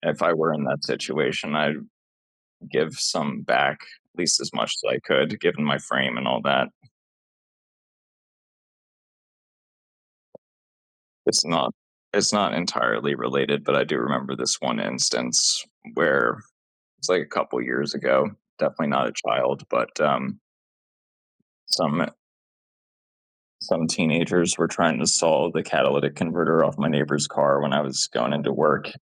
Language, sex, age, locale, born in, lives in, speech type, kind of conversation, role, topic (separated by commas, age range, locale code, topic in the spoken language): English, male, 30-34, en-US, United States, United States, conversation, unstructured, none, How can schools and communities work together to prevent bullying?